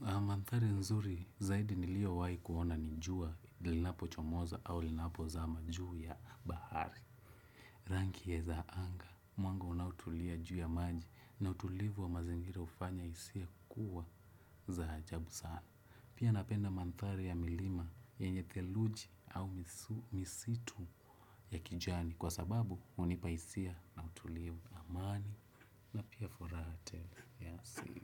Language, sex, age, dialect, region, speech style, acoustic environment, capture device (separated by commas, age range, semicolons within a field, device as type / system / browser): Swahili, male, 25-34, Kenya - Nairobi Sheng-influenced, Kenya, relaxed, silence, desktop / Windows / Chrome